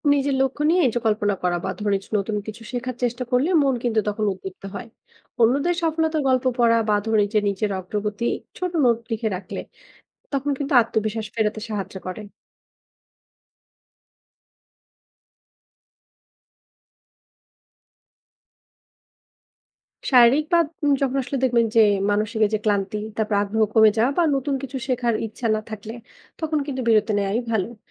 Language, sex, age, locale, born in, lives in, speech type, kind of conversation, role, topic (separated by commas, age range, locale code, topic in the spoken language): Bengali, female, 20-24, bn-BD, Bangladesh, Bangladesh, read, expert-assistant, expert, অনেকেই বলে থাকেন যে ব্যবসায় শুরুতে ব্যর্থ হওয়া স্বাভাবিক এবং সফল উদ্যোক্তারা প্রায়শই প্রথম কয়েকটি ব্যবসায় ব্যর্থ হন। আসলে কি অধিকাংশ সফল উদ্যোক্তারাই শুরুতে একাধিকবার ব্যর্থ হন?